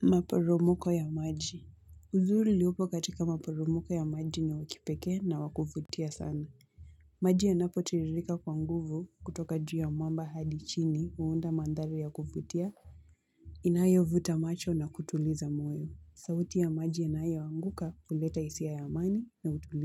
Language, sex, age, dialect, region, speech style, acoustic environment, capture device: Swahili, female, 18-24, Kenya - Mombasa, Kenya, anxious, music, mobile / Linux / Chrome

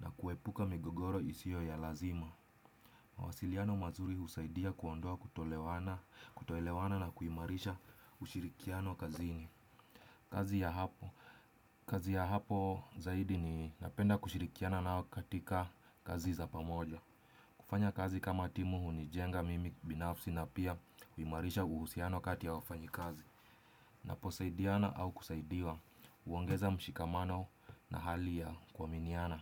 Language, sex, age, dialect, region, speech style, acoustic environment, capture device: Swahili, male, 25-34, Kenya - Nairobi Sheng-influenced, Kenya, relaxed, silence, desktop / Windows / Chrome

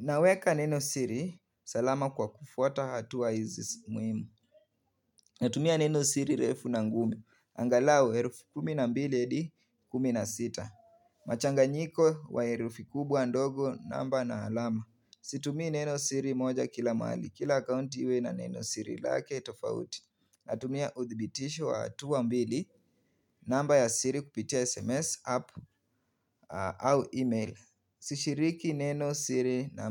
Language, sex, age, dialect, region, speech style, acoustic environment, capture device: Swahili, male, 18-24, Kenya - Nairobi Sheng-influenced, Kenya, relaxed, silence, mobile / Linux / Chrome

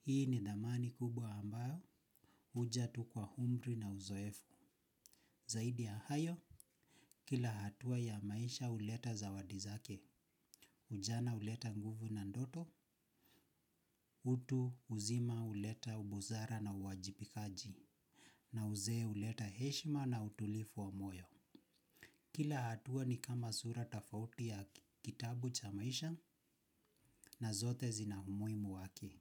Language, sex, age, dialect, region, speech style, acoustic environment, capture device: Swahili, male, 25-34, Kenya - Nairobi Sheng-influenced, Kenya, focused; relaxed, silence, mobile / Linux / Chrome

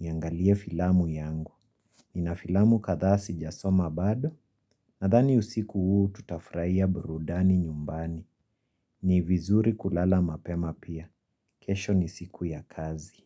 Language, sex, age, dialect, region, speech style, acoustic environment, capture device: Swahili, female, 25-34, Kenya - Nairobi Sheng-influenced, Kenya, happy; relaxed; excited, silence, mobile / Linux / Chrome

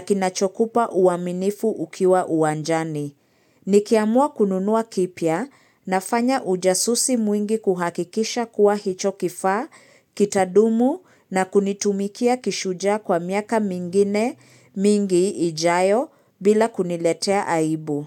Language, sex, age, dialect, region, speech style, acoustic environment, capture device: Swahili, female, 25-34, Kenya - Nairobi Sheng-influenced, Kenya, excited, silence, mobile / Linux / Chrome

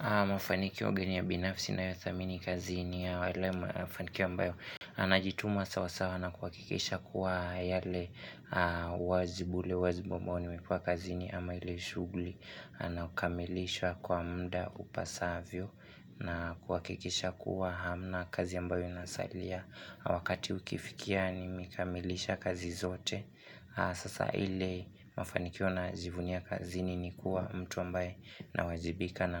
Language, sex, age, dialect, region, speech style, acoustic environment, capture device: Swahili, male, 18-24, Kenya - Nairobi Sheng-influenced, Kenya, relaxed, music, desktop / Linux / Chrome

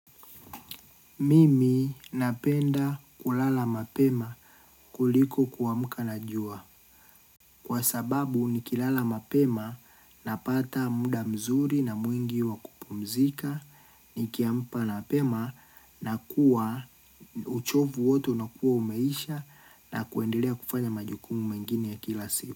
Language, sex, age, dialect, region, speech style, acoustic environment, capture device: Swahili, male, 25-34, Tanzania - Dar es Salaam, Tanzania, happy, nature, mobile / Linux / Chrome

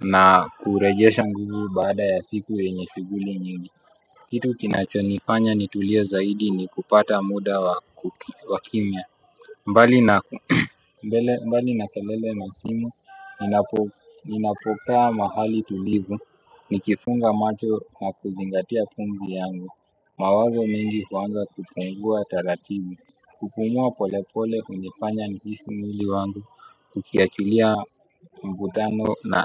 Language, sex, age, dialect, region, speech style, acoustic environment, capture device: Swahili, male, 25-34, Kenya - Nairobi Sheng-influenced, Kenya, excited; focused, people talking; music, desktop / Windows / Chrome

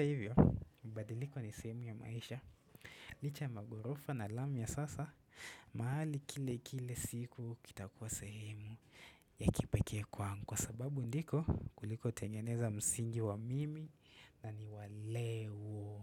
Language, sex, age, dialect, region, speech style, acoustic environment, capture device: Swahili, male, 18-24, Kenya - Nairobi Sheng-influenced, Kenya, relaxed, nature, desktop / Windows / Chrome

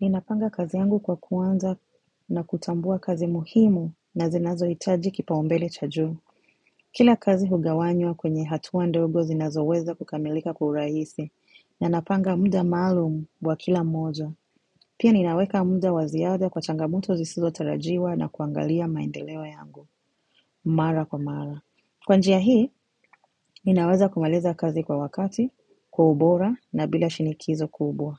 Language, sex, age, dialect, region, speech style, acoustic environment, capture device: Swahili, female, 25-34, Kenya - Mombasa, Kenya, relaxed, silence, mobile / macOS / Safari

